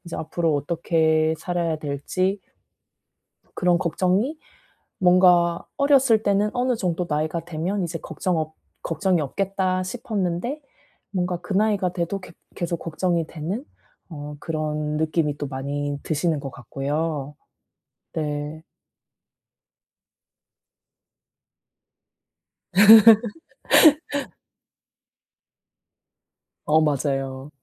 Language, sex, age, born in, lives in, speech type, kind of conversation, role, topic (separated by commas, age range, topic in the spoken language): Korean, female, 35-39, South Korea, South Korea, conversation, advice, advisor, 어떻게 하면 반복되는 걱정의 악순환을 멈추고 벗어날 수 있을까요?